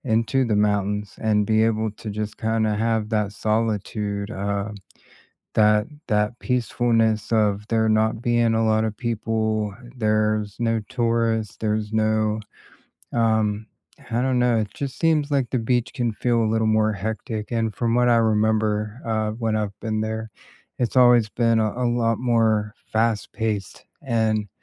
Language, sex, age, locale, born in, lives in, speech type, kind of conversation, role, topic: English, male, 40-44, en-US, United States, United States, conversation, unstructured, none, Which do you prefer for a nearby quick escape: the beach or the mountains?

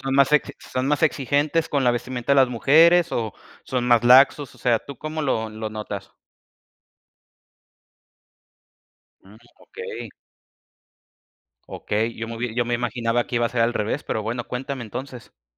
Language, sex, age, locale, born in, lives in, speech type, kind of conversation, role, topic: Spanish, male, 25-29, es-MX, Mexico, Mexico, conversation, podcast, host, ¿Sientes presión social para vestirte de cierta manera?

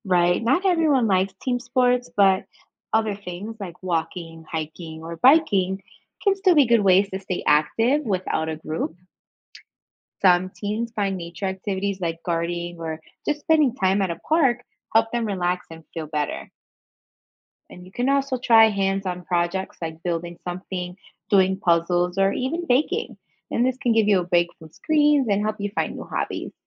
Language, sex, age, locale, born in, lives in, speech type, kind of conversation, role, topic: English, female, 25-29, en-US, United States, United States, read, expert-assistant, expert, What are the effects of screen time on teenagers’ health?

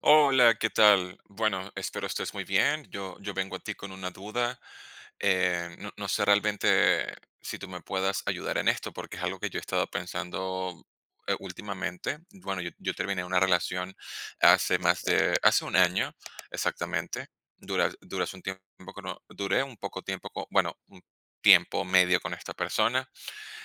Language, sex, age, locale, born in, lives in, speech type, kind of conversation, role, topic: Spanish, male, 30-34, es-VE, Venezuela, Spain, conversation, advice, user, ¿Cómo has vivido la baja autoestima después de una ruptura amorosa reciente?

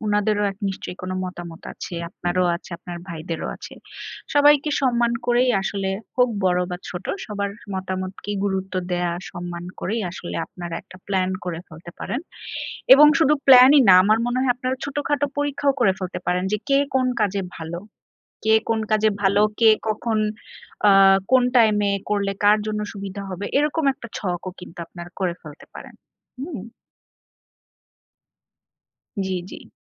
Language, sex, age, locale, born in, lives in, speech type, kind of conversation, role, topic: Bengali, female, 30-34, bn-BD, Bangladesh, Bangladesh, conversation, advice, advisor, গৃহস্থালির কাজ ভাগাভাগি নিয়ে আপনার বাড়িতে কী ধরনের টানাপোড়েন হচ্ছে?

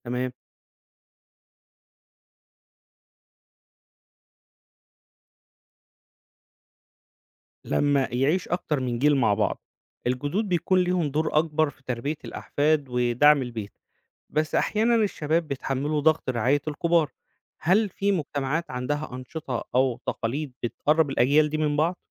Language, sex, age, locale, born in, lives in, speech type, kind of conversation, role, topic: Arabic, male, 35-39, ar-EG, Egypt, Egypt, read, expert-assistant, asker, لو فجأة ظهر دواء جديد بيلغي كل الأمراض المزمنة زي السكر والضغط، إزاي ممكن ده يغيّر شكل الصحة العامة والمجتمع؟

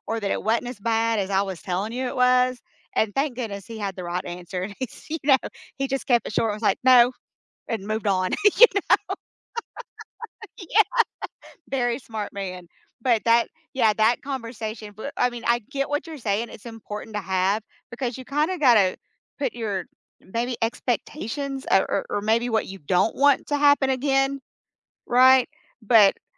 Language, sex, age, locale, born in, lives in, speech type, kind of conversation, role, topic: English, female, 50-54, en-US, United States, United States, conversation, unstructured, none, What’s a moment that changed how you see life?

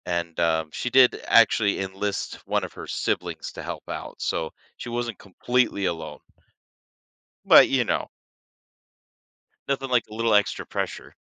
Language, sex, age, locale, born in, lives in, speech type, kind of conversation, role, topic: English, male, 30-34, en-US, United States, United States, conversation, podcast, guest, What helps people build strong and lasting relationships?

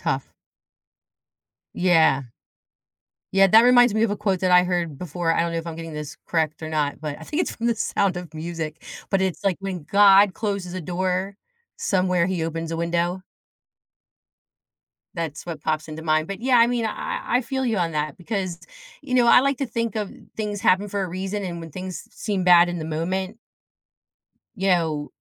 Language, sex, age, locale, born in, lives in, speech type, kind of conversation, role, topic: English, female, 50-54, en-US, United States, United States, conversation, podcast, host, What helps you stay resilient when working toward your goals?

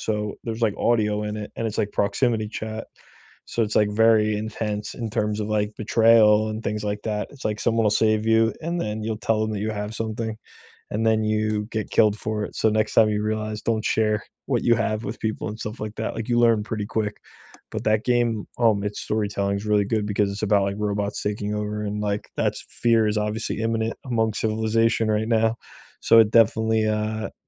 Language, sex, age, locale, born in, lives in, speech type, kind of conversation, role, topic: English, male, 25-29, en-US, United States, United States, conversation, unstructured, none, Which video games unexpectedly moved you with their storytelling, and what about them stayed with you?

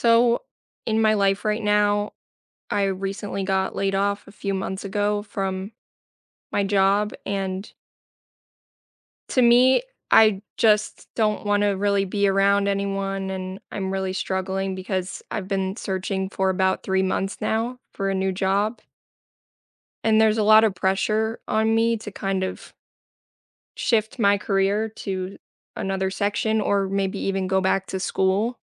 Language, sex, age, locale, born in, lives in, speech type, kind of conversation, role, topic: English, female, 25-29, en-US, United States, United States, conversation, advice, user, How can I rebuild my career and find stable work after a job loss?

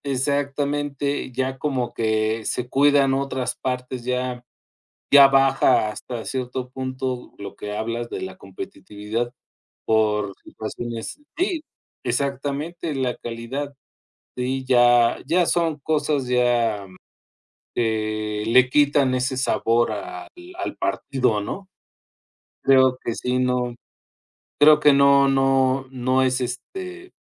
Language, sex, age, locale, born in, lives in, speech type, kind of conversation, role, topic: Spanish, male, 50-54, es-MX, Mexico, Mexico, conversation, unstructured, none, ¿Cuál es tu pasatiempo favorito y por qué te gusta tanto?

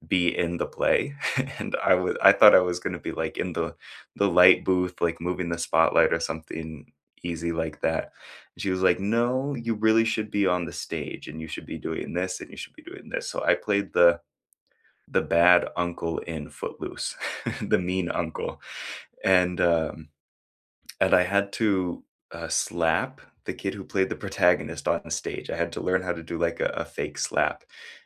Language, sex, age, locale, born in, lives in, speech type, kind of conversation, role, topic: English, male, 25-29, en-US, United States, United States, conversation, unstructured, none, Which extracurricular activities shaped you more than any class, and how did they change you?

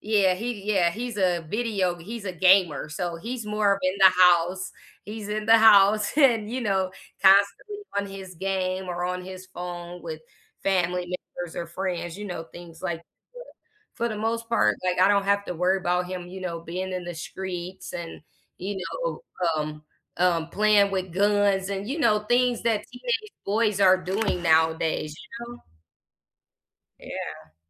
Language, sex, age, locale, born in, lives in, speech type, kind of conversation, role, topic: English, female, 30-34, en-US, United States, United States, conversation, unstructured, none, What is one thing that always makes you smile?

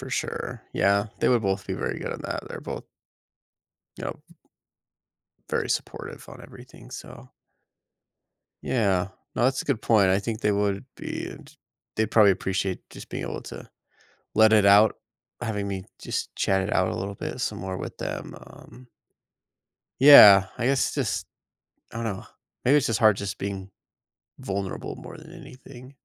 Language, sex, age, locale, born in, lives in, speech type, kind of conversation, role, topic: English, male, 30-34, en-US, United States, United States, conversation, advice, user, How can I start sharing my feelings with family and friends?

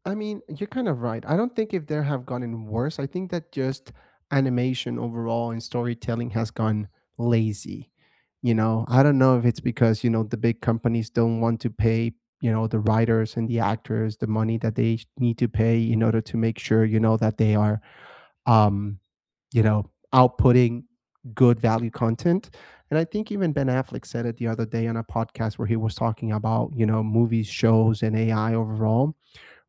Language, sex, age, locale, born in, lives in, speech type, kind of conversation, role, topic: English, male, 30-34, en-US, United States, United States, conversation, unstructured, none, What is your go-to comfort movie or TV show, and why?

